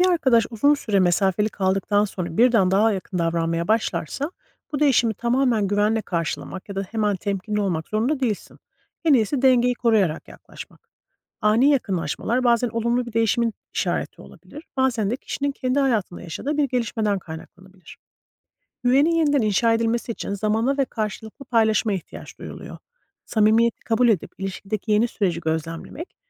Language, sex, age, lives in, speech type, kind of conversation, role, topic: Turkish, female, 40-44, United States, read, expert-assistant, expert, Gerçek dostluk mesafe ve uzun sessizliklerle sınandığında kopar mı?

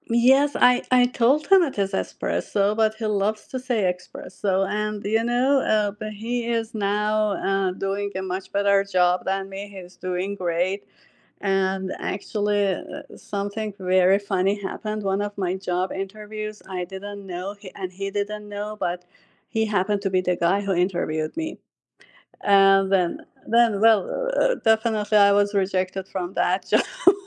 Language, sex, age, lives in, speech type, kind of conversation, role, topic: English, female, 45-49, United States, conversation, unstructured, none, How do you maintain respect and empathy during heated disagreements to stay connected?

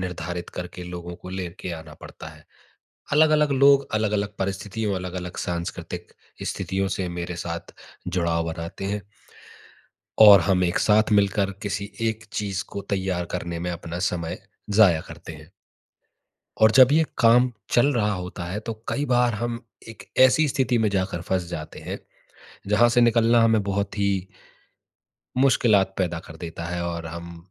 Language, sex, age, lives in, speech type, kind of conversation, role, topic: Hindi, male, 30-34, India, conversation, advice, user, टीम के साथ रचनात्मक अवरोध को जल्दी कैसे तोड़ा जा सकता है?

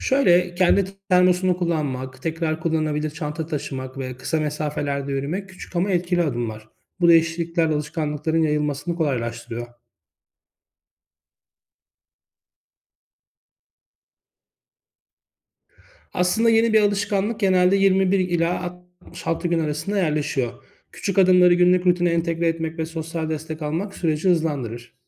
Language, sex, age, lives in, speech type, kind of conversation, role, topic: Turkish, male, 35-39, Greece, read, expert-assistant, expert, Ailemde iklim değişikliğiyle ilgili farklı bakış açıları varken ortak bir çözüm yolunda nasıl ilerleyebilirim?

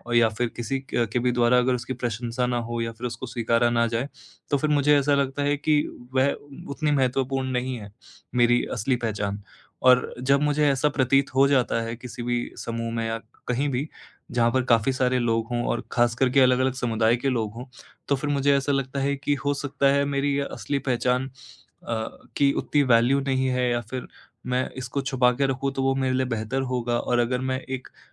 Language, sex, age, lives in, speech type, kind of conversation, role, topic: Hindi, male, 18-19, India, conversation, advice, user, दूसरों की राय के साथ मैं अपने स्टाइल और पसंद को कैसे संतुलित करूँ?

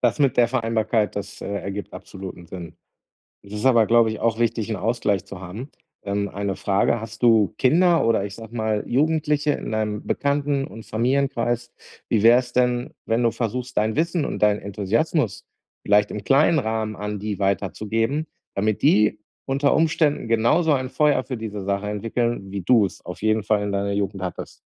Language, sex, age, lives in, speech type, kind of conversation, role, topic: German, male, 40-44, Germany, conversation, advice, advisor, Wie kann ich durch ehrenamtliches Engagement vor Ort mehr Sinn in meinem Leben finden?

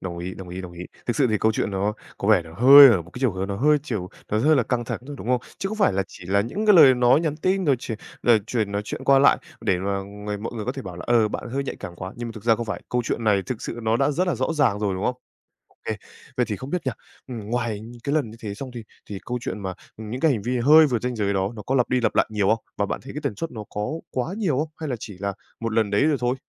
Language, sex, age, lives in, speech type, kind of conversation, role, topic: Vietnamese, male, 18-19, Vietnam, conversation, advice, advisor, Vì sao tôi cứ lặp lại những mối quan hệ bạn bè độc hại?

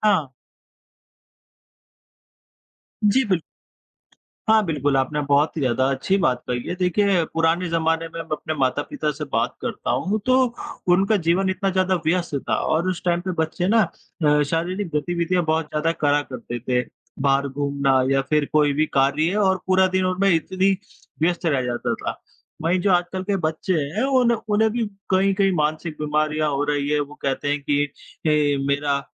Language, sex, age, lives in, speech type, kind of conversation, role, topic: Hindi, female, 25-29, India, conversation, unstructured, none, क्या आजकल लोग अपनी सेहत को लेकर पहले से ज्यादा लापरवाह हो गए हैं?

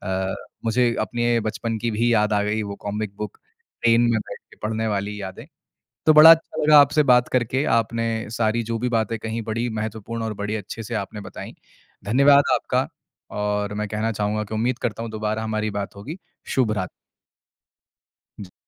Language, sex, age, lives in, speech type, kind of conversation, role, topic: Hindi, male, 25-29, India, conversation, podcast, host, Tumhari pehli pasandida kitab ya comic kaunsi thi?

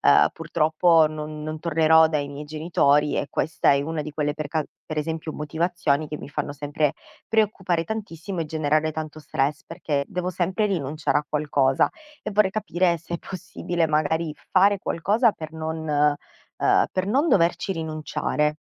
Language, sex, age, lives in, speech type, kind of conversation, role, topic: Italian, female, 30-34, Italy, conversation, advice, user, Quali preoccupazioni finanziarie ti causano stress ogni giorno?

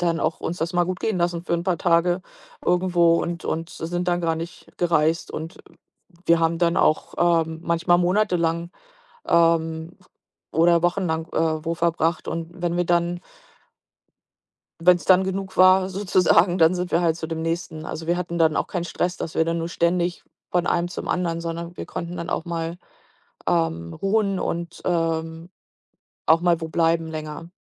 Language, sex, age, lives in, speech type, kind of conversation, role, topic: German, female, 45-49, United States, conversation, podcast, guest, Welchen Rat würdest du einem Reiseneuling geben?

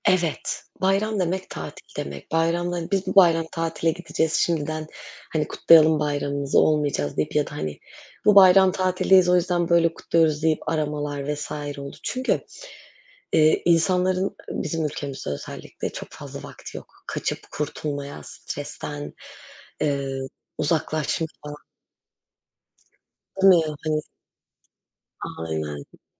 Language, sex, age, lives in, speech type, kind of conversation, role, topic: Turkish, female, 25-29, Netherlands, conversation, unstructured, none, Bayramlar senin için ne ifade ediyor?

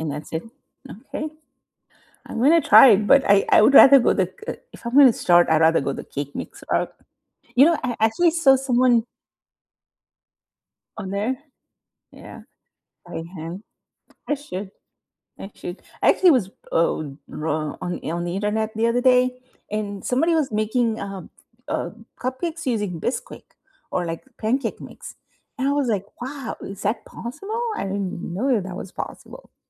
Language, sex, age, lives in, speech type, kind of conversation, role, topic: English, female, 50-54, United States, conversation, unstructured, none, How did you first become interested in your favorite hobby?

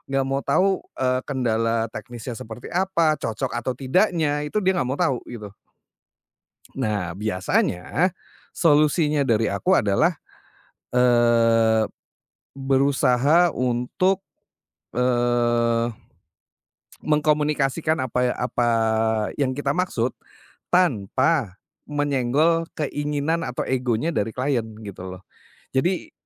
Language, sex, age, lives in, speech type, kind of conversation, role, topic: Indonesian, male, 40-44, Indonesia, conversation, podcast, guest, Apa perbedaan antara berkarya untuk diri sendiri dan berkarya untuk orang lain?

